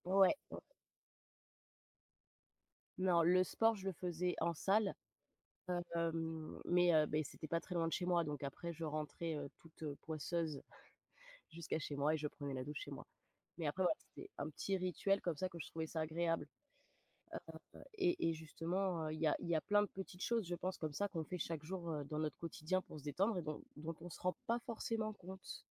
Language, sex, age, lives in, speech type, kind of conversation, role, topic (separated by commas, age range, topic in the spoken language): French, female, 30-34, France, conversation, unstructured, none, Comment gères-tu le stress dans ta vie de tous les jours ?